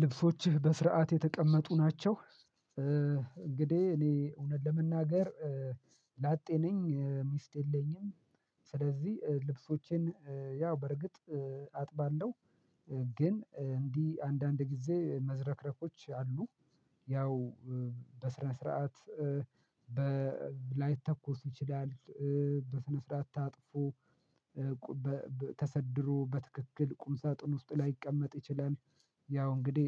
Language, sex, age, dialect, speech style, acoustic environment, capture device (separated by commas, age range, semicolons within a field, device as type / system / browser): Amharic, male, 25-34, Ethiopia - Addis Ababa, happy; focused; excited; relaxed, silence, mobile / Linux / Chrome